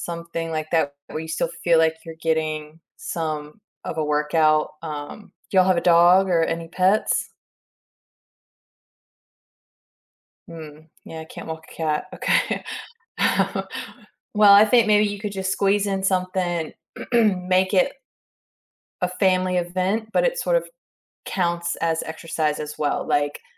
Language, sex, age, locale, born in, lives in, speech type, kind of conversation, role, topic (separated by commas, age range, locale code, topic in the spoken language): English, female, 35-39, en-US, United States, United States, conversation, advice, advisor, How can I balance multiple projects and avoid burnout?